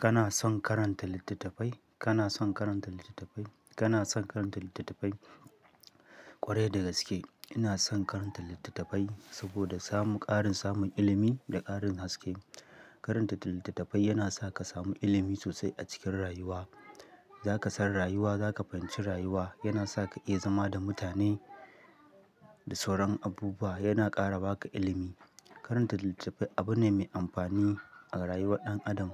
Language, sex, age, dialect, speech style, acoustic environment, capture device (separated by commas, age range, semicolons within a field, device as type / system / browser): Hausa, male, 25-34, Nigeria - Katsina, happy; relaxed, silence; people talking, mobile / Linux / Chrome